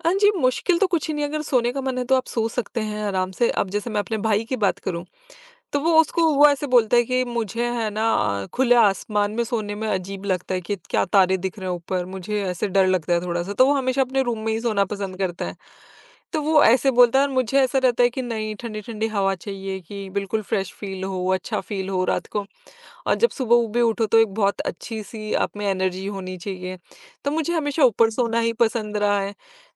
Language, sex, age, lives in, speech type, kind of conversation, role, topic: Hindi, female, 25-29, India, conversation, podcast, guest, रात में खुले आसमान के नीचे सोने का आपका अनुभव कैसा रहा?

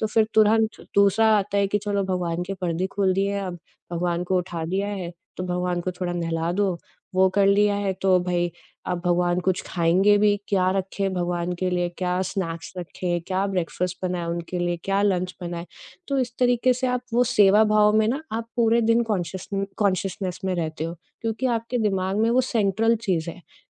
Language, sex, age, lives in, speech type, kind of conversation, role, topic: Hindi, female, 30-34, India, conversation, podcast, guest, Tumhare liye apna khayal rakhne ka matlab kya hai?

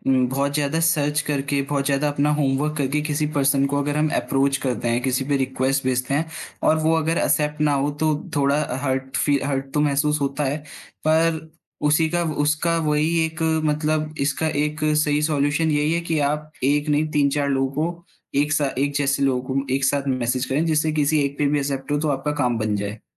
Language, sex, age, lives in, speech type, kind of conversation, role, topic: Hindi, male, 20-24, India, conversation, podcast, guest, Job hunt ke dauran networking kaise karte ho, aur koi ek simple tip kya hai?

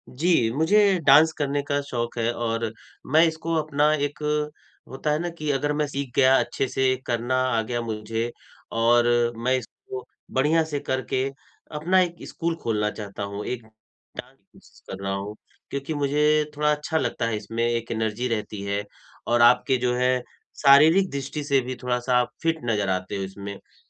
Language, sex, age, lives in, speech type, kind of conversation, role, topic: Hindi, male, 45-49, India, conversation, advice, user, मैं किसी शौक में लंबे समय तक रुचि कैसे बनाए रख सकता/सकती हूँ?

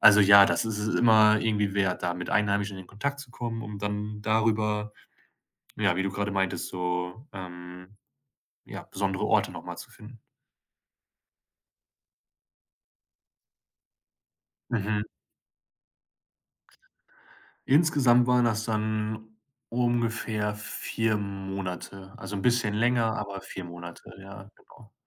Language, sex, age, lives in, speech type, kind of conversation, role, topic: German, male, 25-29, Germany, conversation, podcast, guest, Kannst du von einer Begegnung mit Einheimischen erzählen, die dir besonders in Erinnerung geblieben ist?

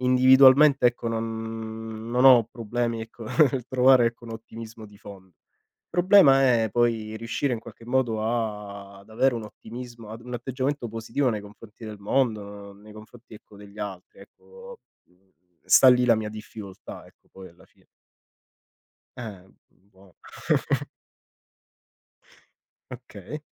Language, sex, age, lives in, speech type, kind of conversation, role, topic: Italian, male, 30-34, Italy, conversation, unstructured, none, Qual è il tuo segreto per mantenere l’ottimismo ogni giorno?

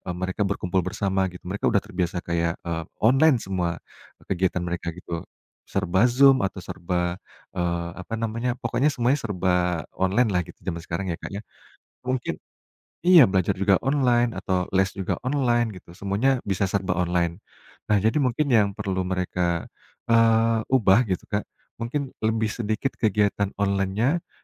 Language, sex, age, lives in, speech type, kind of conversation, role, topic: Indonesian, male, 35-39, Indonesia, conversation, podcast, guest, Kenangan apa yang dulu terasa biasa saja, tetapi kini penuh makna?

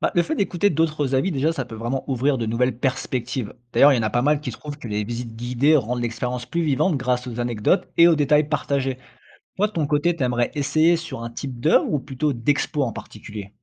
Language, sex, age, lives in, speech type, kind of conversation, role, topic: French, male, 35-39, France, read, expert-assistant, expert, Comment savoir si je comprends vraiment une œuvre d’art, ou si je passe à côté de quelque chose ?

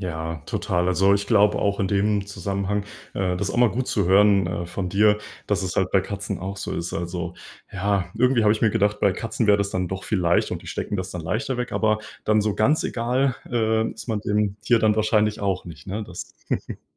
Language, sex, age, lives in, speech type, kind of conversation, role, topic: German, male, 20-24, Germany, conversation, unstructured, none, Wie reagieren Haustiere auf menschliche Emotionen?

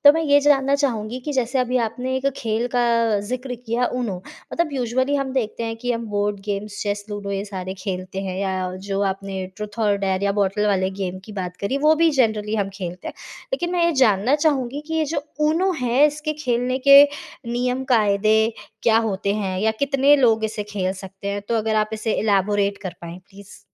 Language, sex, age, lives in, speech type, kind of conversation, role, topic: Hindi, female, 25-29, India, conversation, podcast, host, दोस्तों के साथ खेलते समय सबसे ज़्यादा मज़ा कैसे आता है?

